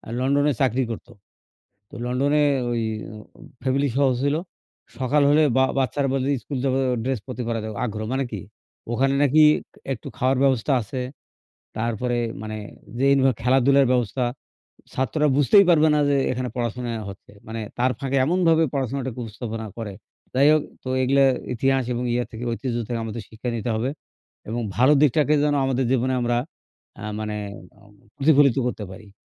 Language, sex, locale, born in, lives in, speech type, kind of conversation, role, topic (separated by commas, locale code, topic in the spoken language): Bengali, male, bn-BD, Bangladesh, Bangladesh, conversation, unstructured, none, আপনি ইতিহাস থেকে কীভাবে আনন্দ পান?